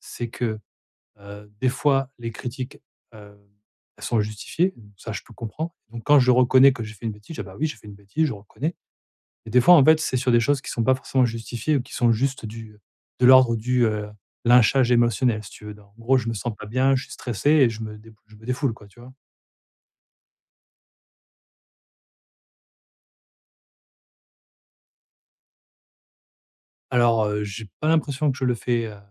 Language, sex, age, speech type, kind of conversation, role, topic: French, male, 40-44, conversation, advice, user, Comment répondre aux critiques sans m'épuiser et en restant serein ?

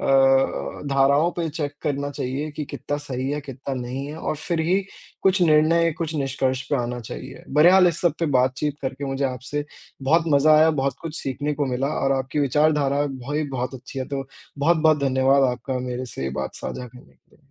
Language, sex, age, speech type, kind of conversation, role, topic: Hindi, male, 20-24, conversation, unstructured, none, आपको क्या लगता है, क्या खबरें हमें अधिक सतर्क बनाती हैं या अधिक डराती हैं?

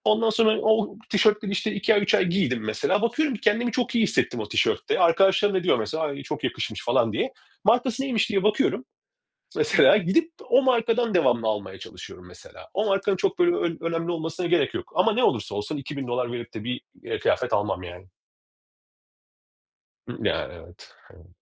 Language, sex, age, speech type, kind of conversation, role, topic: Turkish, male, 40-44, conversation, podcast, guest, Minimalist misin, yoksa daha gösterişli giyinmeyi mi seversin?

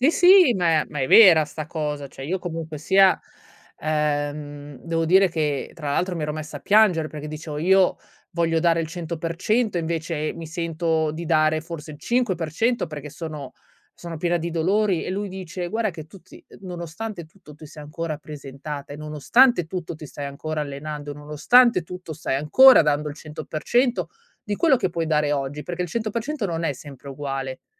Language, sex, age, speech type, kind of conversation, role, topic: Italian, female, 35-39, conversation, podcast, guest, Come costruisci la tua resilienza giorno per giorno?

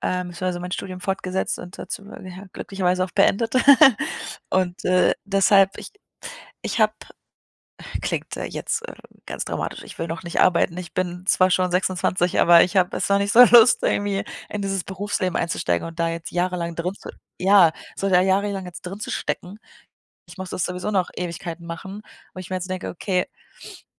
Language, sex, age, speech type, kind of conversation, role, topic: German, female, 25-29, conversation, advice, user, Wie gehe ich mit Zweifeln um, nachdem ich eine große Entscheidung getroffen habe?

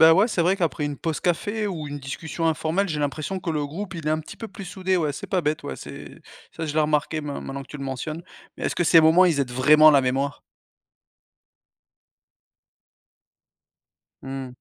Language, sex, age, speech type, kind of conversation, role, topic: French, male, 35-39, read, expert-assistant, asker, Pourquoi certains retiennent-ils mieux les informations en discutant, tandis que d’autres préfèrent lire ou écrire ?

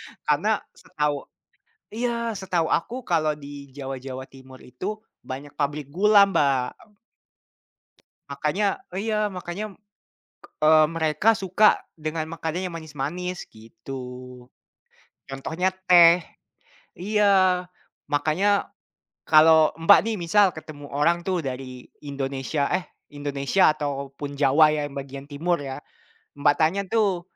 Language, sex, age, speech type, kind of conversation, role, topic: Indonesian, male, 20-24, conversation, unstructured, none, Anda lebih suka es teh manis atau es jeruk segar?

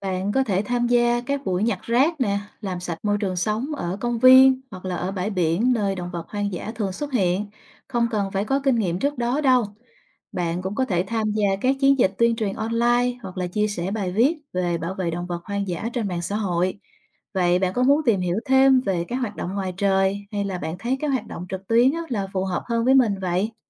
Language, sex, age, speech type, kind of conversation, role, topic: Vietnamese, female, 30-34, read, expert-assistant, expert, Vì sao nên tham gia các hoạt động bảo vệ động vật hoang dã?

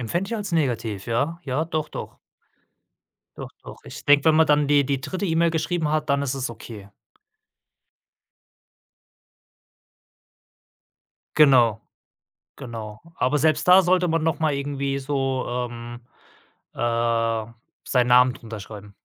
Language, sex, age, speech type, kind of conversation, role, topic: German, male, 35-39, conversation, podcast, guest, Was führt deiner Erfahrung nach zu E‑Mail‑Missverständnissen?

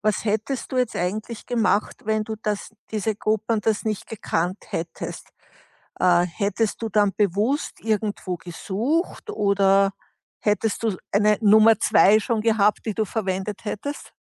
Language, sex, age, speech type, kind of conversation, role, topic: German, female, 60-64, conversation, podcast, host, Welcher Song hat dir schon einmal durch eine schwere Zeit geholfen?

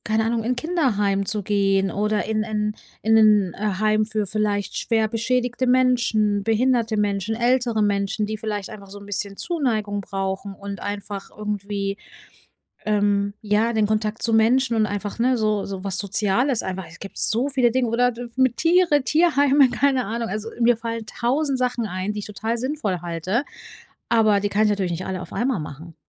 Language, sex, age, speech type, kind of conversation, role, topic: German, female, 35-39, conversation, advice, user, Wie finde ich Tätigkeiten, die meinem Leben wirklich Sinn verleihen?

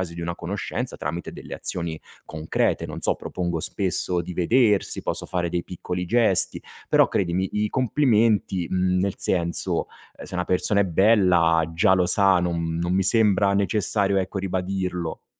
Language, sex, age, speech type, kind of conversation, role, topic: Italian, male, 35-39, conversation, advice, user, Perché faccio fatica ad accettare i complimenti?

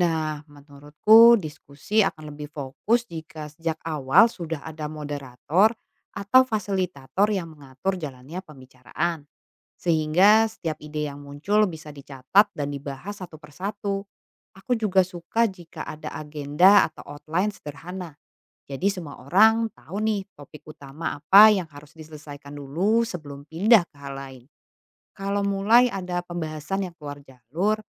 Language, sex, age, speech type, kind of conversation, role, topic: Indonesian, female, 35-39, read, expert-assistant, asker, Bagaimana kolaborasi seni tradisional dan modern dapat menarik minat generasi muda Indonesia?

